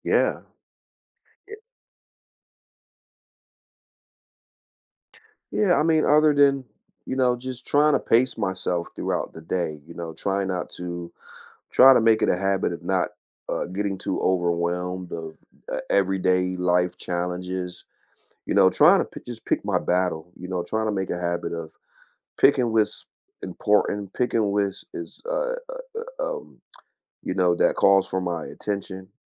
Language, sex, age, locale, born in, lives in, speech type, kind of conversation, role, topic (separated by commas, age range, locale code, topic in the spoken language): English, male, 45-49, en-US, United States, United States, conversation, unstructured, none, What small daily habit has been brightening your day lately?